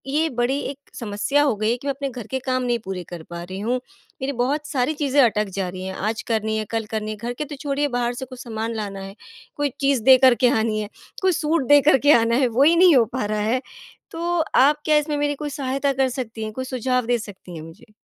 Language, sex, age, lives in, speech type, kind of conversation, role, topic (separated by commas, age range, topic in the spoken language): Hindi, female, 35-39, India, conversation, advice, user, फोन/सोशल मीडिया पर फंस कर काम पूरा न कर पाना